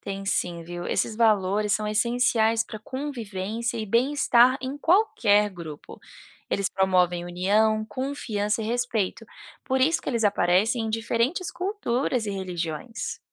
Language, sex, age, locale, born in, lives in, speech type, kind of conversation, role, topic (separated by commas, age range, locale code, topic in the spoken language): Portuguese, female, 30-34, pt-BR, Brazil, United States, read, expert-assistant, expert, Se eu quiser entender melhor teologia, começo por histórias, mandamentos ou milagres?